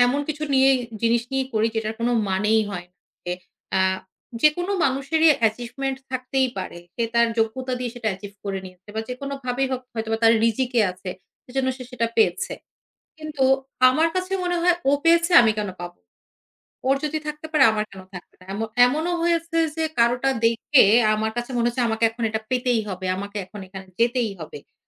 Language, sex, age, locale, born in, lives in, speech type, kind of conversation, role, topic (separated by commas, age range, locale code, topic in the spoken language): Bengali, female, 35-39, bn-BD, Bangladesh, Finland, conversation, advice, user, সামাজিক মাধ্যমের পোস্ট দেখে অন্যদের সঙ্গে নিজেকে তুলনা করলে আপনি কীভাবে নিজেকে ছোট মনে করেন?